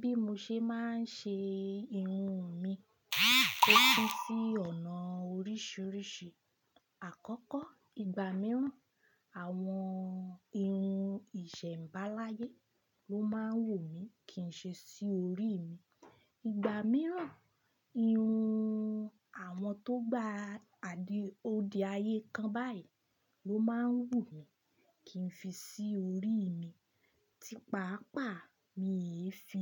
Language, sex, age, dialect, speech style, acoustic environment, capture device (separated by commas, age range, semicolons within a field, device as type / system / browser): Yoruba, female, 25-34, Nigeria - Ibadan, happy, appliances, desktop / Linux / Chrome